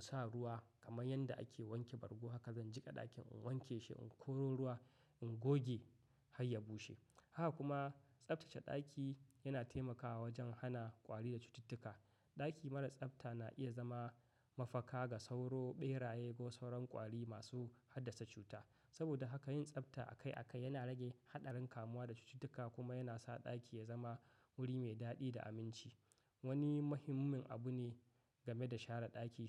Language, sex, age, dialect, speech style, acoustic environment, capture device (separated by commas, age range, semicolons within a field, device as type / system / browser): Hausa, male, 25-34, Nigeria - Katsina, happy, silence, mobile / macOS / Safari